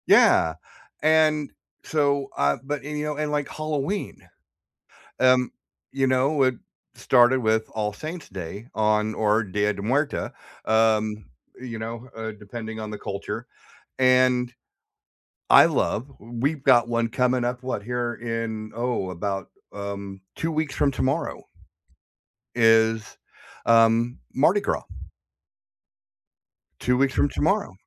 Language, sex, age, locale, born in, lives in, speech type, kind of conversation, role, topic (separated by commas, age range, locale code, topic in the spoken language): English, male, 55-59, en-US, United States, United States, conversation, unstructured, none, How do holidays shape the way we connect with culture?